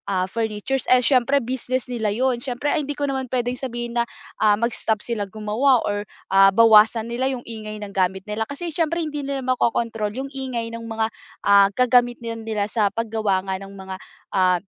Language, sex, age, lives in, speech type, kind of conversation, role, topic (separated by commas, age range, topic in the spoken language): Filipino, female, 20-24, Philippines, conversation, advice, user, Paano ako makakapagpahinga at makapagpakalma kahit maingay at maraming nakaaabala sa paligid?